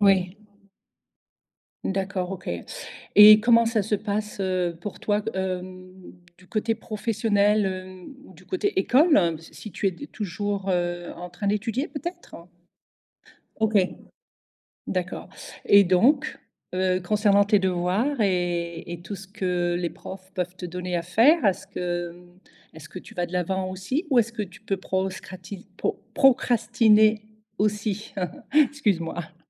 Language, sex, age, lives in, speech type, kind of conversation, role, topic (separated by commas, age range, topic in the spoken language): French, female, 55-59, Portugal, conversation, podcast, host, Comment gères-tu la procrastination quand il faut travailler ?